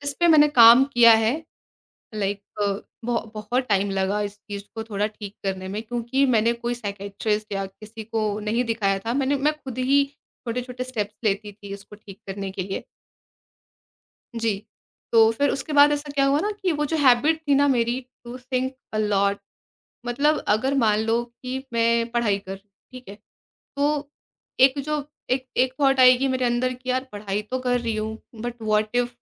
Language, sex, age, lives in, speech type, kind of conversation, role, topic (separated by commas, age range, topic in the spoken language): Hindi, female, 20-24, India, conversation, podcast, guest, Mental health ko career ki safalta ka hissa kaise banaya jaa sakta hai?